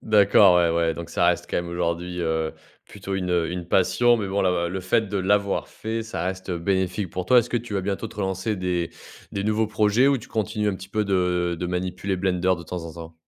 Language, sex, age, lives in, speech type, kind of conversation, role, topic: French, male, 30-34, France, conversation, podcast, host, Raconte un moment où t'as perdu la notion du temps en créant ?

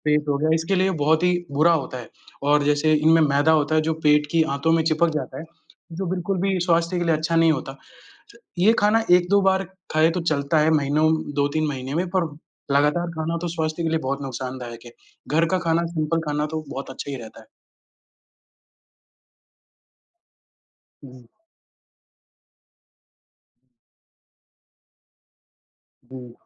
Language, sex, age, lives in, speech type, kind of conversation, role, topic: Hindi, male, 20-24, India, conversation, unstructured, none, आपको कौन-सा खाना सबसे ज़्यादा पसंद है और क्यों?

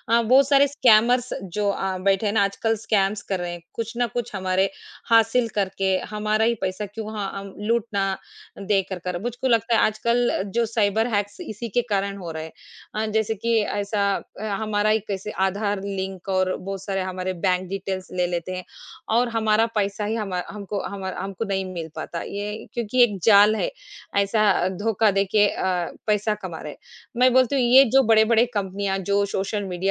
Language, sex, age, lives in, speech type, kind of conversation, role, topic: Hindi, female, 40-44, India, conversation, unstructured, none, क्या प्रौद्योगिकी ने हमारी निजता को खतरे में डाल दिया है?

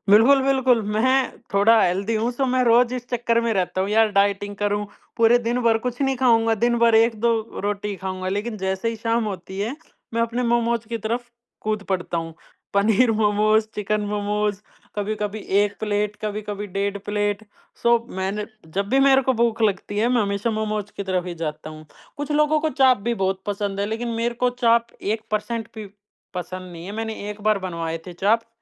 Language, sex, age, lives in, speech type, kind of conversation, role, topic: Hindi, male, 20-24, India, conversation, podcast, guest, Aisa kaunsa shehar ka local khaana hai jo aap aaj tak bhool nahi paaye, aur woh kya tha?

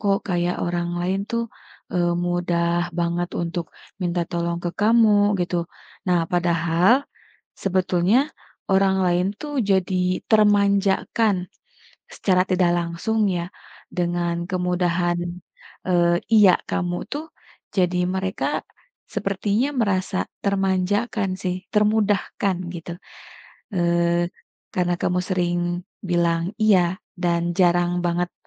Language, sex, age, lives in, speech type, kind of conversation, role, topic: Indonesian, female, 35-39, Indonesia, conversation, advice, advisor, Mengapa kamu sulit mengatakan tidak hingga rasa kesal terus menumpuk?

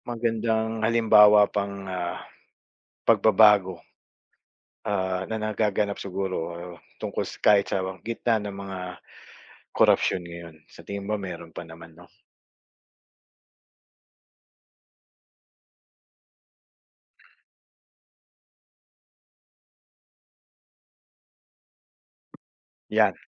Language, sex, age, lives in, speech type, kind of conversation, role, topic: Filipino, male, 45-49, Philippines, conversation, unstructured, none, Ano ang pakiramdam mo sa mga balita tungkol sa korapsyon sa gobyerno?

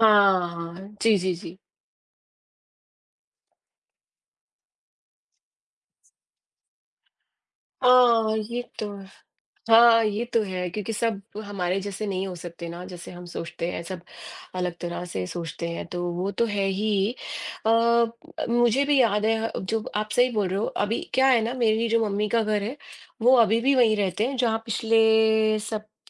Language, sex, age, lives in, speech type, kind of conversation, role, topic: Hindi, female, 40-44, United States, conversation, unstructured, none, आपके अनुसार एक अच्छे पड़ोसी में कौन-कौन सी बातें होनी चाहिए?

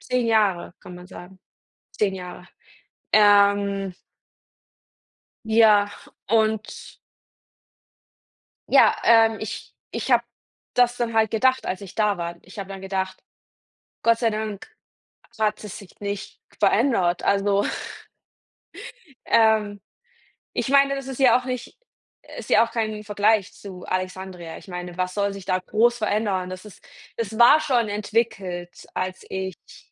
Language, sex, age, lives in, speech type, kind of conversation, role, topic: German, female, 30-34, Germany, conversation, unstructured, none, Wie fühlt es sich an, wenn du einen Ort, der dir viel bedeutet, nicht mehr besuchen kannst?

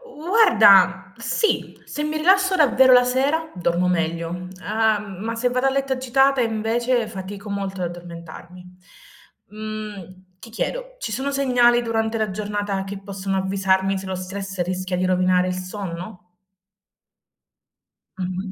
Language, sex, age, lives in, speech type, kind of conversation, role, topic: Italian, female, 25-29, Italy, read, expert-assistant, asker, Tra l'ambiente della mia camera, la dieta e la gestione dello stress, quale aspetto conta di più per un sonno ristoratore?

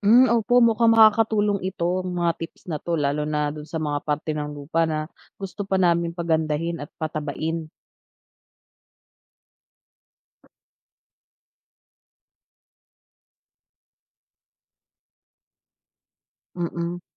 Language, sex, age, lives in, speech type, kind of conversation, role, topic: Filipino, female, 30-34, Philippines, read, expert-assistant, asker, Paano nakakatulong ang mga bulate sa lupa sa pagtatanim?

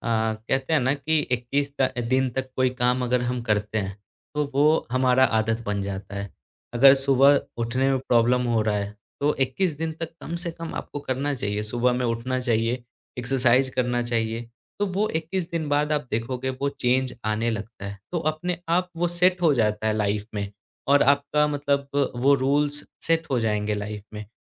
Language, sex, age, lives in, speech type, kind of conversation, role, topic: Hindi, male, 25-29, India, conversation, podcast, guest, Motivation gir jaaye to use phir se jagane ka sabse asaan tareeka kya hai?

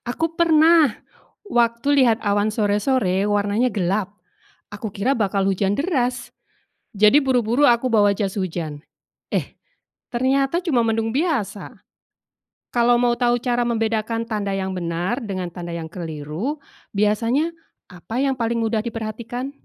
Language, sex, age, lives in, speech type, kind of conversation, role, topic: Indonesian, female, 45-49, Indonesia, read, expert-assistant, asker, Bagaimana cara melatih kepekaan mengenali tanda-tanda perubahan cuaca sendiri?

